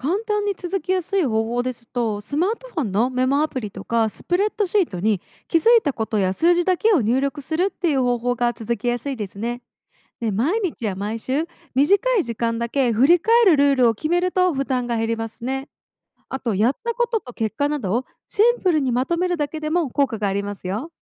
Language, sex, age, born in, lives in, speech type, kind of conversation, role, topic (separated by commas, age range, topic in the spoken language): Japanese, female, 25-29, Japan, United States, read, expert-assistant, expert, 新しいビジネス戦略を考えるとき、どんな情報を信じたらいいのでしょうか？